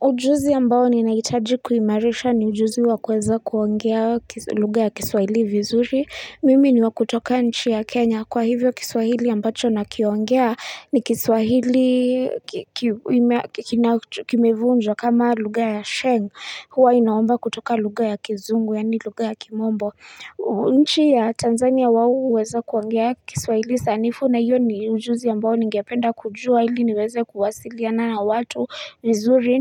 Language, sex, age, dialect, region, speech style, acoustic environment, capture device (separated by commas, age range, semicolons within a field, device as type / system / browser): Swahili, female, 25-34, Kenya - Nairobi Sheng-influenced, Kenya, happy, nature; silence, mobile / Linux / Chrome